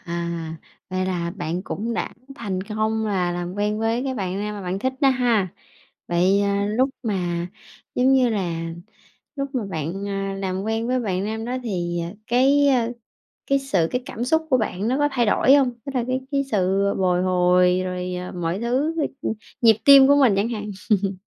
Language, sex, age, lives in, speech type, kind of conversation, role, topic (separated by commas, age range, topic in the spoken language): Vietnamese, female, 30-34, Vietnam, conversation, podcast, host, Bài hát thời học sinh gợi lại những kỷ niệm gì cho bạn?